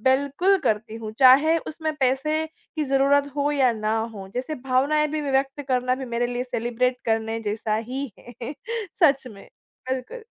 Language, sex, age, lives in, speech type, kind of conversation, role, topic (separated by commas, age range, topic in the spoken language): Hindi, female, 25-29, India, conversation, podcast, guest, आप छोटी-छोटी जीतें कैसे मनाते हैं?